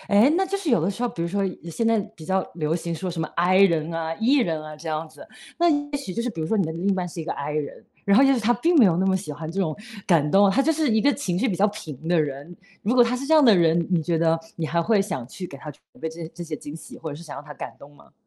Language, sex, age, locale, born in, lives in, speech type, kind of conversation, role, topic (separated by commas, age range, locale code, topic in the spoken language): Chinese, female, 35-39, zh-CN, China, United States, conversation, podcast, host, 你能分享一次让你特别感动的瞬间吗？